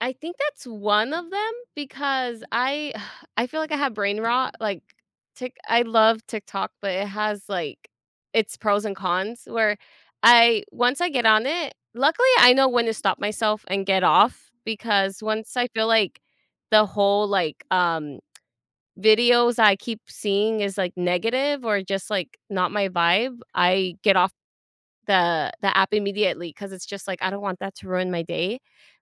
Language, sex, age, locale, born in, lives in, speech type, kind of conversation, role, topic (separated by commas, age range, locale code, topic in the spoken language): English, female, 25-29, en-US, United States, United States, conversation, advice, user, How can I reduce stress?